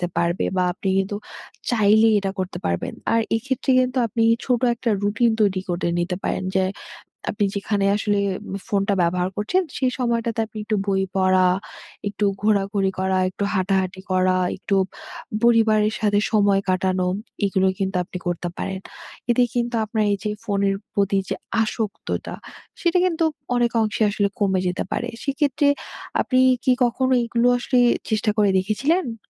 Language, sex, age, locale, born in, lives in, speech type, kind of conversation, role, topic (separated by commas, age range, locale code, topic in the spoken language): Bengali, female, 20-24, bn-BD, Bangladesh, Bangladesh, conversation, advice, advisor, অবসর সময়ে আমি কীভাবে প্রতিদিন প্রযুক্তি থেকে দূরে থাকতে পারি?